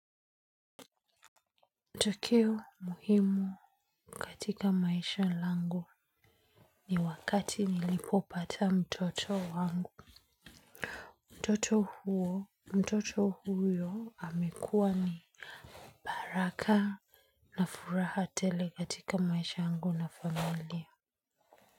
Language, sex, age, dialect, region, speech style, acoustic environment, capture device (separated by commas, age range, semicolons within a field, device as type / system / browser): Swahili, female, 25-34, Kenya - Nairobi Sheng-influenced, Kenya, happy, nature, mobile / Linux / Chrome